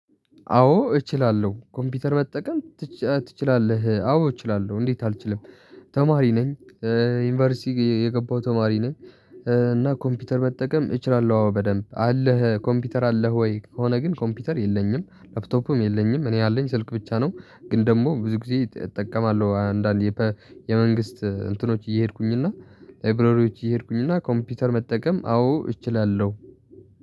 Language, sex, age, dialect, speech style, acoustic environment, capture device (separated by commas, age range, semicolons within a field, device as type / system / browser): Amharic, male, 18-24, Ethiopia - Tigray, relaxed, traffic, mobile / Linux / Chrome